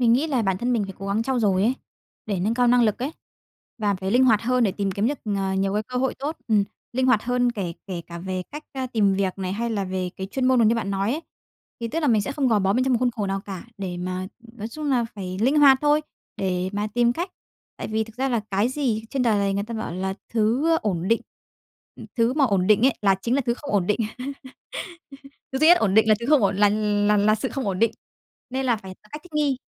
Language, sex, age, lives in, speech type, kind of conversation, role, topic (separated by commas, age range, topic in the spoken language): Vietnamese, female, 30-34, Vietnam, conversation, podcast, guest, Bạn thường làm gì để giảm căng thẳng trong ngày?